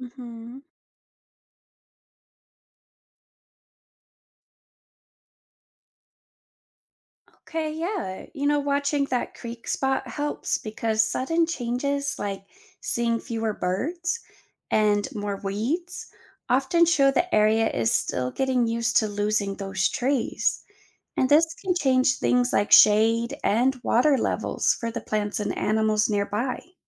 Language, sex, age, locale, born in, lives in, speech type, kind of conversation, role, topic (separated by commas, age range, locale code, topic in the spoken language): English, female, 30-34, en-US, United States, United States, read, expert-assistant, expert, How does biodiversity loss affect ecosystem stability?